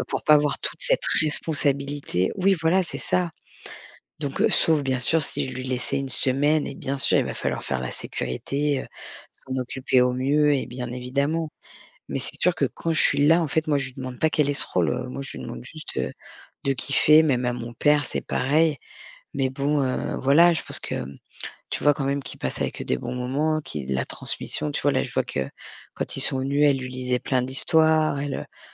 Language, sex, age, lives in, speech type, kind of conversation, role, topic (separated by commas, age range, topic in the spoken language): French, female, 40-44, France, conversation, podcast, guest, Quel est, selon toi, le rôle des grands-parents aujourd’hui, par rapport à autrefois ?